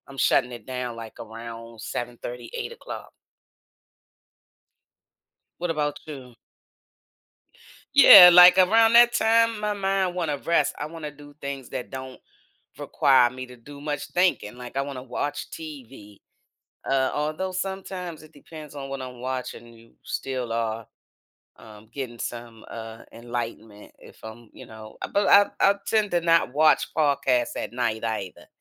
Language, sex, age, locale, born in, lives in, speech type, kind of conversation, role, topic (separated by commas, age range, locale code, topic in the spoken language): English, female, 55-59, en-US, United States, United States, conversation, unstructured, none, Do early mornings or late nights suit you better?